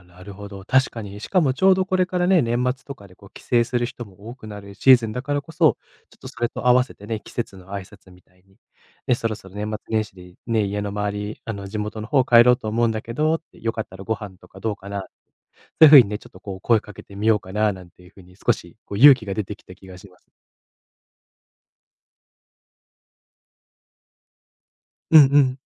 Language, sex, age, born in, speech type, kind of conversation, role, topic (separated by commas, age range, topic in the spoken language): Japanese, male, 25-29, Japan, conversation, advice, user, 長年の友達と疎遠になってきたと感じるのですが、どうすればよいでしょうか？